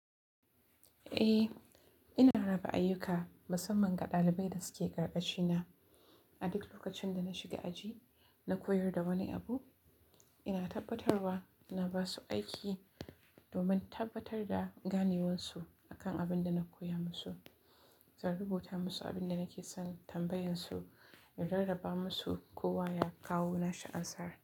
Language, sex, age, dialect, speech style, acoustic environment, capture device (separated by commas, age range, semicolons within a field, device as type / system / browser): Hausa, female, 18-24, Nigeria - Kano, relaxed; excited, nature; silence, mobile / Linux / Chrome